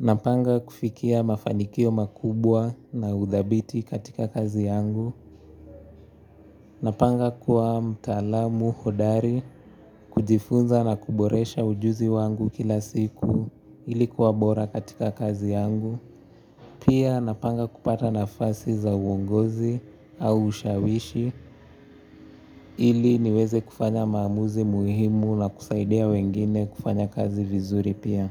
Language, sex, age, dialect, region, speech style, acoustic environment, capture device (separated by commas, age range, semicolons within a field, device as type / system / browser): Swahili, male, 18-24, Kenya - Nairobi Sheng-influenced, Kenya, happy; relaxed; focused, nature; silence; traffic; music, mobile / Linux / Chrome